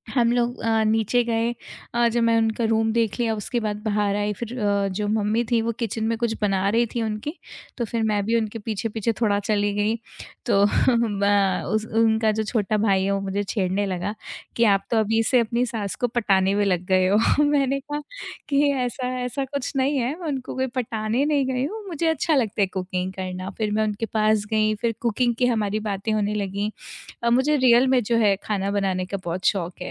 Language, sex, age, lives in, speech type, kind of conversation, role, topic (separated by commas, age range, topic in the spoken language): Hindi, female, 25-29, India, conversation, podcast, guest, Kisne tumhe apne ghar par family ke saath dinner ke liye bulaya tha, aur wahan ki baatein kaisi rahi?